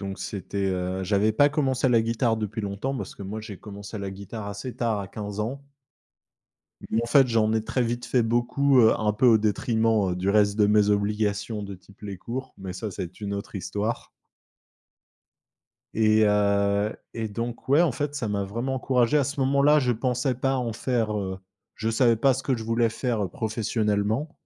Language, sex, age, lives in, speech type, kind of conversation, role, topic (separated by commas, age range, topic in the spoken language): French, male, 25-29, France, conversation, podcast, guest, Peux-tu raconter la première fois que tu as montré ton travail en public ?